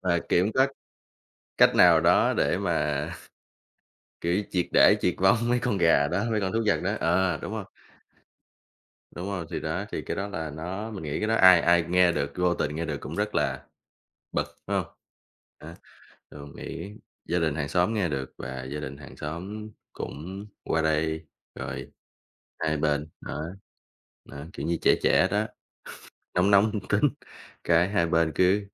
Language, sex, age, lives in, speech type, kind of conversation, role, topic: Vietnamese, male, 25-29, Vietnam, conversation, podcast, guest, Bạn thường xử lý mâu thuẫn với hàng xóm như thế nào?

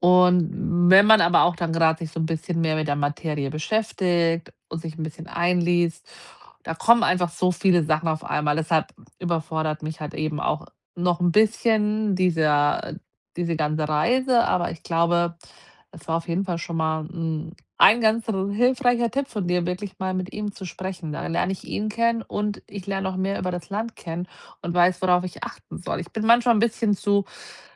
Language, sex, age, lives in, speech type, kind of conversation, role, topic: German, female, 40-44, Germany, conversation, advice, user, Wie kann ich neue Orte erkunden, ohne mich überfordert zu fühlen?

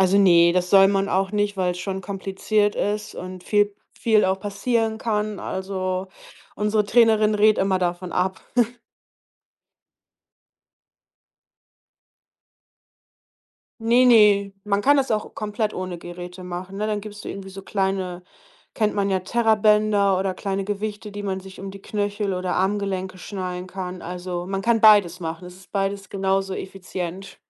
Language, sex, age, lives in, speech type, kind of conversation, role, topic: German, female, 30-34, Germany, conversation, podcast, guest, Was ist dein liebstes Hobby?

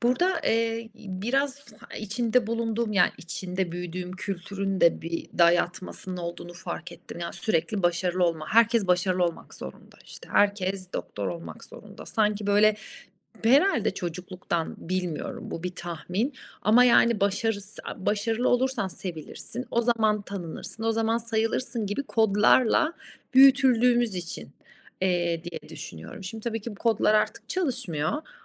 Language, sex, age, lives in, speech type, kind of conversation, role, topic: Turkish, female, 35-39, Italy, conversation, advice, user, Sınav ya da değerlendirme kaygını anlatır mısın?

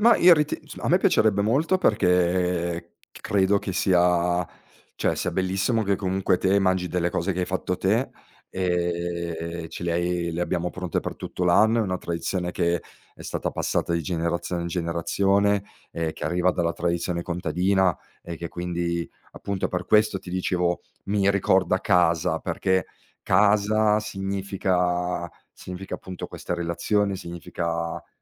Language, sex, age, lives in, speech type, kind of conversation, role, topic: Italian, male, 40-44, Italy, conversation, podcast, guest, Che cosa ti fa sentire davvero a casa?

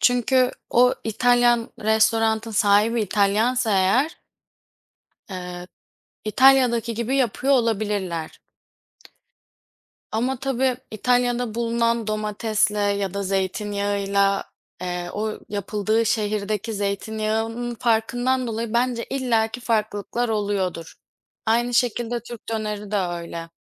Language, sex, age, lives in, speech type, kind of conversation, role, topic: Turkish, female, 25-29, Poland, conversation, unstructured, none, Hangi yemek kültürü seni en çok etkiledi?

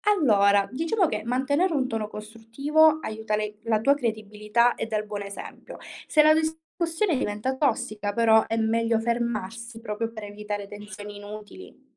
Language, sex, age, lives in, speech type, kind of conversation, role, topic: Italian, female, 20-24, Italy, read, expert-assistant, expert, Come possiamo capire se le informazioni che leggiamo sui progetti di assistenza sociale sono davvero affidabili?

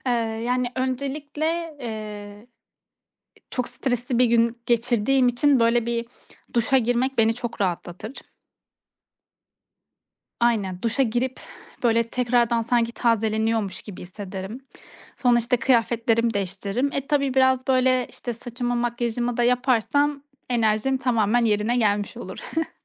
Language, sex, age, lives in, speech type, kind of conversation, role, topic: Turkish, female, 30-34, Estonia, conversation, podcast, guest, Akşam eve geldiğinde kendini nasıl dinlendirirsin?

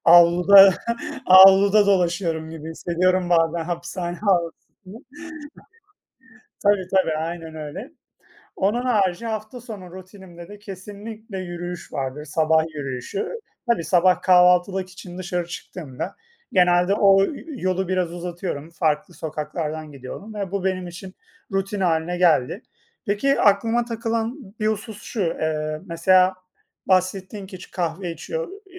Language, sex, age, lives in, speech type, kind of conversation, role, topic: Turkish, male, 30-34, Poland, conversation, unstructured, none, Her gün mutlaka yaptığınız küçük ama önemli bir alışkanlık nedir?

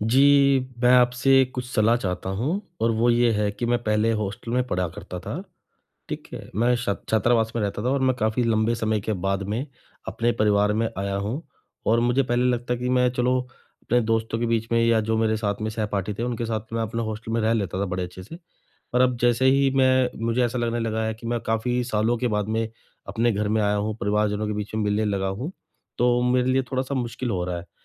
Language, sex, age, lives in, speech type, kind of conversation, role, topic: Hindi, male, 35-39, India, conversation, advice, user, नई जगह पर परिवार के साथ रहते हुए मैं दैनिक जीवन और आदतों के बदलाव को कैसे अच्छे से संभालूं?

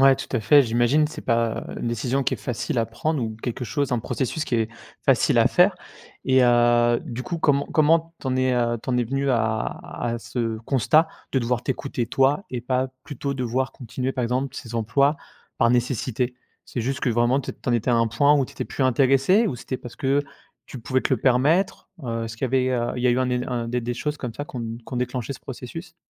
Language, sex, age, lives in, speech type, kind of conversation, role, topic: French, male, 30-34, France, conversation, podcast, host, Quel est ton meilleur conseil pour commencer à te réinventer ?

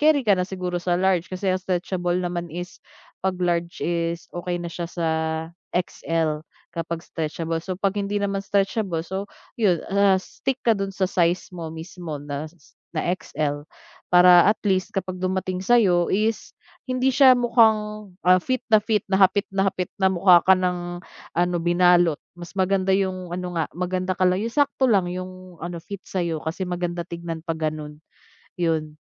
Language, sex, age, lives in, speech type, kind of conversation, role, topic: Filipino, female, 30-34, Philippines, conversation, advice, advisor, Paano ko malalaman ang tamang sukat ng damit para sa akin?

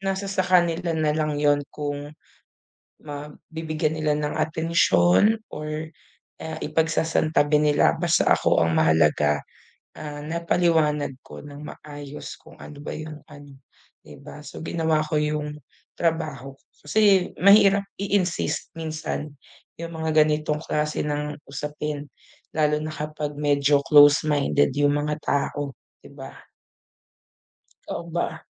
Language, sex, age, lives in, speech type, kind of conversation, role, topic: Filipino, female, 30-34, Philippines, conversation, unstructured, none, Paano mo hinaharap ang panghuhusga ng iba tungkol sa kalusugang pangkaisipan?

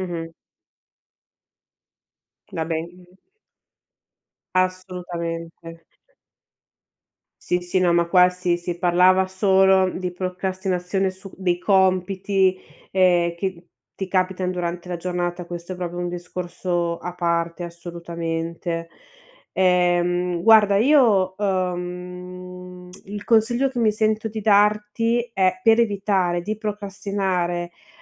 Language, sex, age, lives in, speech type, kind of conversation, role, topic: Italian, female, 30-34, Italy, conversation, advice, advisor, Come posso smettere di procrastinare cronicamente sui compiti chiave per raggiungere il mio obiettivo?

